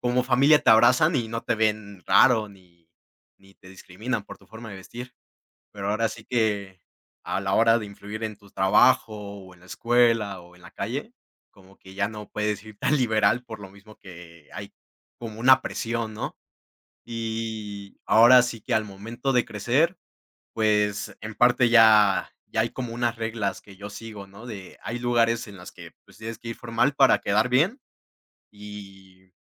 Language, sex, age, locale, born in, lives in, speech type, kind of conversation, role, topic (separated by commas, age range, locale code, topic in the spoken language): Spanish, male, 18-19, es-MX, Mexico, Mexico, conversation, podcast, guest, ¿De qué manera influyó tu familia en tu estilo?